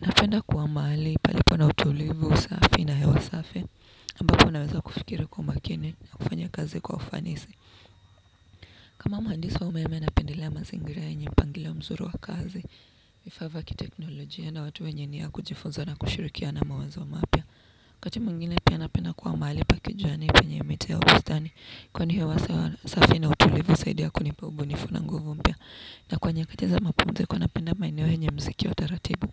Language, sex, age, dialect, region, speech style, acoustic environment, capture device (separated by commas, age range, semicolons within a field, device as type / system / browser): Swahili, female, 18-24, Kenya - Nairobi Sheng-influenced, Kenya, focused, people talking, desktop / Windows / Chrome